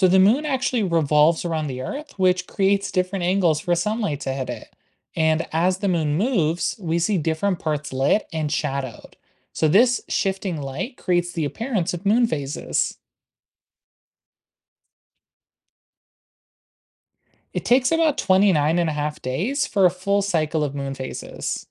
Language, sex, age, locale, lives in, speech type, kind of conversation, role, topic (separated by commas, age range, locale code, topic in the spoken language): English, male, 20-24, en-US, United States, read, expert-assistant, expert, What are the phases of the moon and their effects?